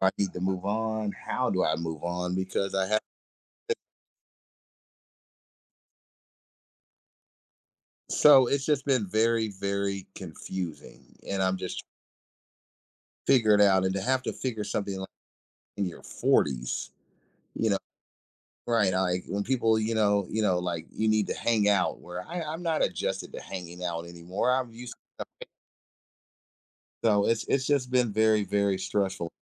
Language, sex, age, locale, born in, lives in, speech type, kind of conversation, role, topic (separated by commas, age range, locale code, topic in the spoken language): English, male, 40-44, en-US, United States, United States, conversation, advice, user, How can I manage my daily stress?